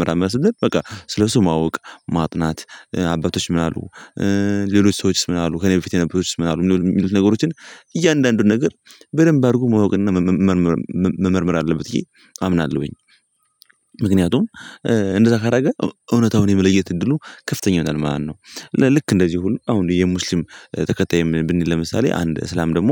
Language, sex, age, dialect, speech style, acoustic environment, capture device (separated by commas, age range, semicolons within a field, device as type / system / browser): Amharic, male, 18-24, Ethiopia - Gondar, happy; anxious; nervous, people talking; music; nature, mobile / Linux / Chrome